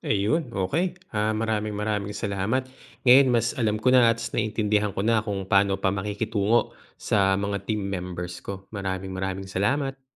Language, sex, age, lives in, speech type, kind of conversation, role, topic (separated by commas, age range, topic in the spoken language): Filipino, male, 35-39, Philippines, read, expert-assistant, asker, Paano natin mapapalawak ang ating pag-unawa at pakikiramdam sa damdamin ng ibang tao, lalo na sa mga sitwasyong may hindi pagkakaunawaan?